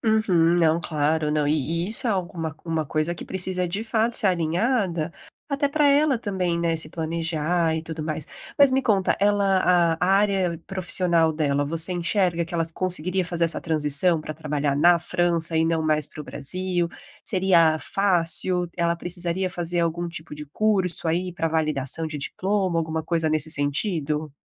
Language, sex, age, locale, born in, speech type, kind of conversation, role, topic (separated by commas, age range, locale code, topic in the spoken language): Portuguese, female, 30-34, pt-BR, Brazil, conversation, advice, advisor, Como podemos alinhar os nossos planos de vida a longo prazo?